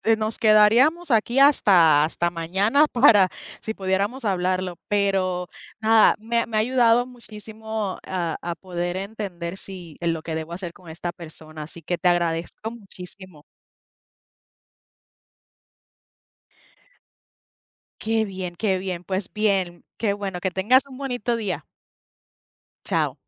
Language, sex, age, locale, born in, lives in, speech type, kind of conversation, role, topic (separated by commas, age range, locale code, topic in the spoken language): Spanish, female, 30-34, es-US, Puerto Rico, United States, conversation, unstructured, none, ¿Crees que todas las personas merecen una segunda oportunidad?